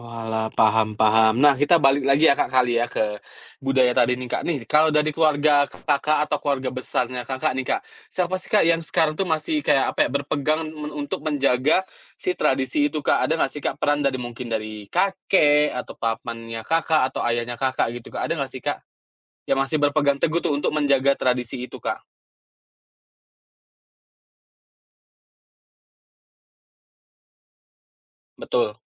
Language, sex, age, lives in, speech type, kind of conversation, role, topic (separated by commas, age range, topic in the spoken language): Indonesian, male, 30-34, Indonesia, conversation, podcast, host, Apa kenangan budaya keluarga yang bikin kamu bangga?